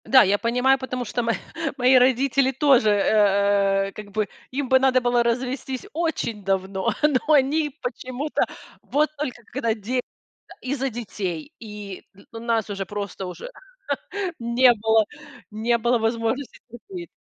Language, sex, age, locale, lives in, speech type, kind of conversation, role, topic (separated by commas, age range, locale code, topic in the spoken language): Russian, female, 40-44, ru-RU, United States, conversation, podcast, host, Как вести себя во время ссоры при детях?